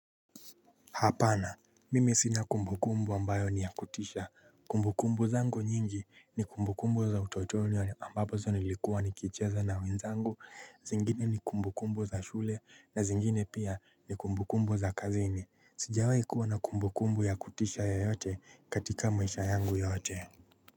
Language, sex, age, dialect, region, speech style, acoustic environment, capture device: Swahili, male, 25-34, Kenya - Nairobi Sheng-influenced, Kenya, happy, nature; silence, mobile / Linux / Chrome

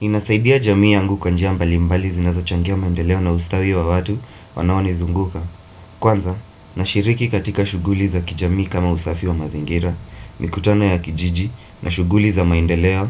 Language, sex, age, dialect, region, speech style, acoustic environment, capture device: Swahili, male, 25-34, Kenya - Mombasa, Kenya, focused; happy, appliances, desktop / Windows / Firefox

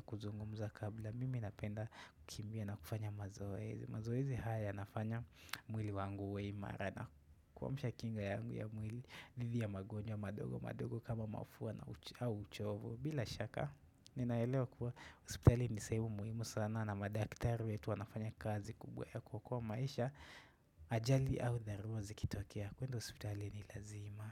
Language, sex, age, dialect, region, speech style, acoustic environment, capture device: Swahili, male, 18-24, Kenya - Nairobi Sheng-influenced, Kenya, relaxed, nature, desktop / Windows / Chrome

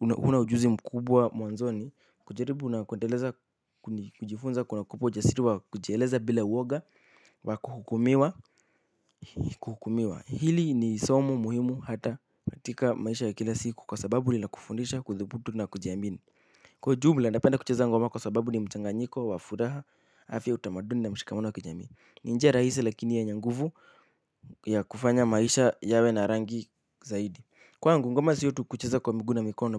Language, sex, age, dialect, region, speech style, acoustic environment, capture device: Swahili, male, 18-24, Kenya - Nairobi Sheng-influenced, Kenya, happy, silence, mobile / Linux / Chrome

